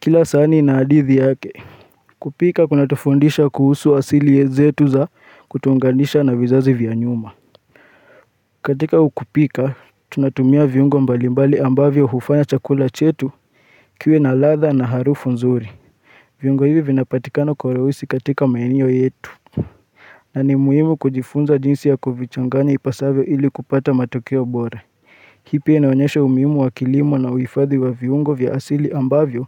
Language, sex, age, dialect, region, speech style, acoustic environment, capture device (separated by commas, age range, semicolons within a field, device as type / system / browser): Swahili, male, 25-34, Kenya - Nairobi Sheng-influenced, Kenya, focused, nature, mobile / Linux / Chrome